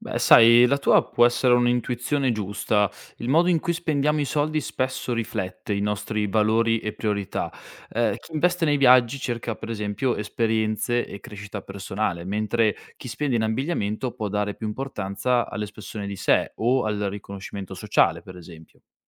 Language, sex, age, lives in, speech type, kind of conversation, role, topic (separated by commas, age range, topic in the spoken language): Italian, male, 25-29, Italy, read, expert-assistant, expert, Il modo in cui spendiamo i soldi dice qualcosa su chi siamo davvero?